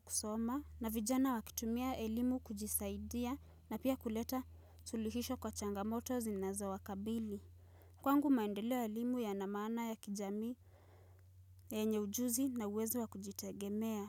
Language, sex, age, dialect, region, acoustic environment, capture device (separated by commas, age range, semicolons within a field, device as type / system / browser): Swahili, female, 18-24, Kenya - Nairobi Sheng-influenced, Kenya, silence, mobile / Linux / Chrome